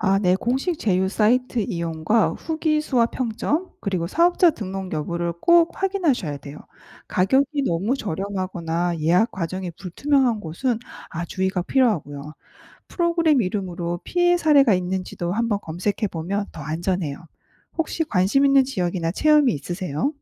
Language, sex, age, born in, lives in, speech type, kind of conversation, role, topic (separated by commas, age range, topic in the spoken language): Korean, female, 45-49, South Korea, United States, read, expert-assistant, expert, 여행 계획을 세울 때 신뢰할 수 있는 관광 정보는 어디에서 얻을 수 있을까요? 공식 기관, 여행 블로그, 리뷰 사이트 각각의 장단점은 무엇인가요?